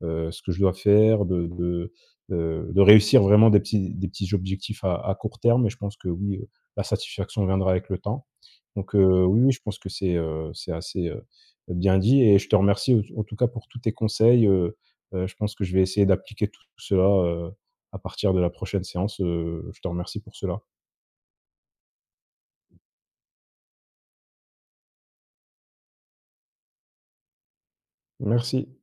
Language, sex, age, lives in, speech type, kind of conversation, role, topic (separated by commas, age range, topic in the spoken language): French, male, 25-29, France, conversation, advice, user, Pourquoi est-ce que je ne progresse pas malgré mes efforts à l’entraînement, et que dois-je changer ?